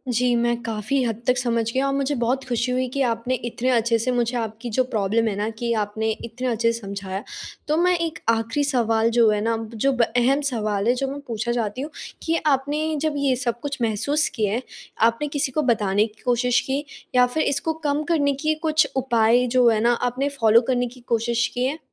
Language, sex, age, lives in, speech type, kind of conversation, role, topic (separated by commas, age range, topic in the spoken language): Hindi, female, 18-19, India, conversation, advice, advisor, मैं नियत समय पर गहराई से काम करने की आदत क्यों नहीं बना पा रहा/रही हूँ?